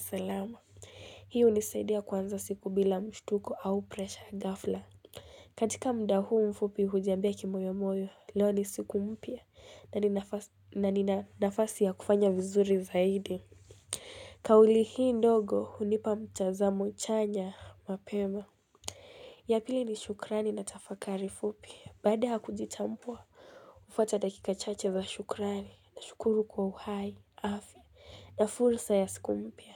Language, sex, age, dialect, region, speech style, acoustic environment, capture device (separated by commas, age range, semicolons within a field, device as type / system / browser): Swahili, female, 18-24, Kenya - Mombasa, Kenya, tired; relaxed, nature; silence, mobile / Linux / Chrome